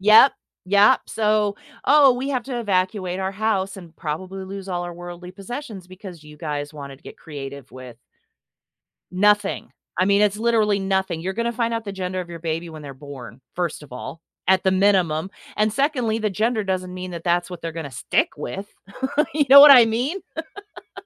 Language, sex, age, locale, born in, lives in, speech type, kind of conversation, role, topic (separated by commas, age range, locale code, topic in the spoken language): English, female, 45-49, en-US, United States, United States, conversation, podcast, guest, In what ways does pollution threaten the balance of natural ecosystems?